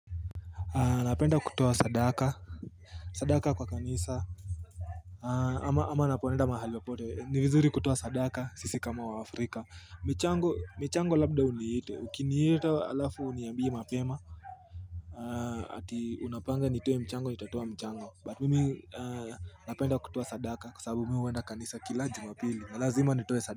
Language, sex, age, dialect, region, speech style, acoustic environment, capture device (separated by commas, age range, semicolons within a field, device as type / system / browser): Swahili, male, 18-24, Kenya - Nairobi Sheng-influenced, Kenya, nervous; anxious; happy, nature; construction; people talking, mobile / Linux / Chrome